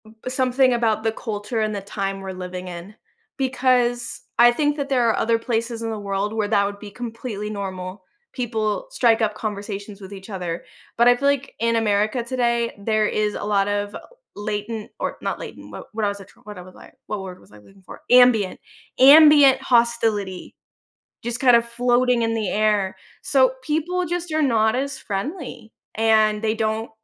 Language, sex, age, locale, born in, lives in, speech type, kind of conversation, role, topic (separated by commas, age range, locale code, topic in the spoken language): English, female, 25-29, en-US, United States, United States, conversation, advice, advisor, How can I make new friends as an adult?